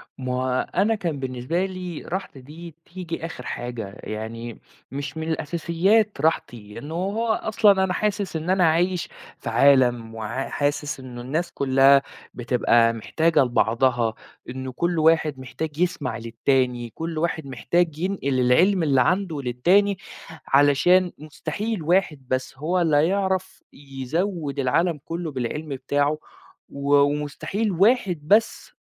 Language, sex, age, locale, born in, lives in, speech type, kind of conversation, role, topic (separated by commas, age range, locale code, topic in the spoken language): Arabic, male, 20-24, ar-EG, Saudi Arabia, Egypt, conversation, podcast, guest, إزاي بتوزّع وقتك بين مساعدة غيرك وراحتك انت؟